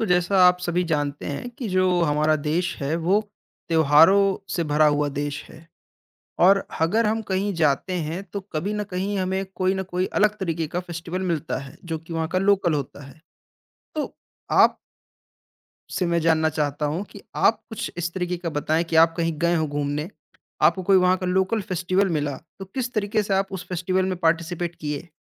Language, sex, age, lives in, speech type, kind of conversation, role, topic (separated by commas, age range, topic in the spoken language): Hindi, male, 20-24, India, conversation, podcast, host, Local festival mein jaane ka tumhara pehla anubhav kaisa tha?